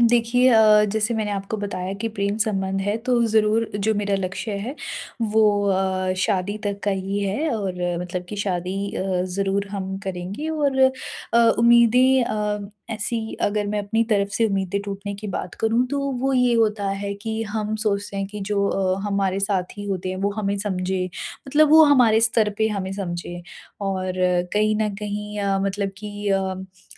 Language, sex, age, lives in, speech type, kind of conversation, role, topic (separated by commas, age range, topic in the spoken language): Hindi, female, 40-44, India, conversation, advice, user, मैं टूटे हुए सपनों और उम्मीदों का दुख कैसे संभालूँ?